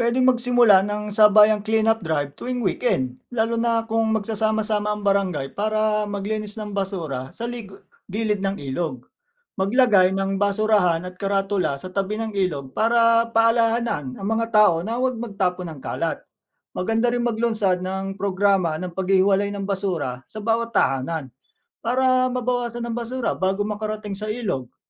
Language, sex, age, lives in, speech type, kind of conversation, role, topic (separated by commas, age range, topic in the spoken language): Filipino, male, 40-44, Philippines, read, expert-assistant, expert, Bakit mahalaga ang tamang pagtatapon ng basura?